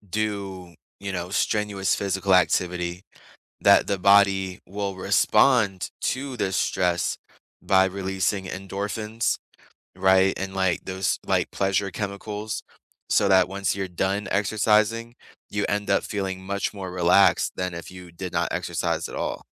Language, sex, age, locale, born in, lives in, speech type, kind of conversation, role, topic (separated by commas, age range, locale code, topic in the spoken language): English, male, 25-29, en-US, United States, United States, conversation, unstructured, none, What is surprising about how exercise can reduce stress?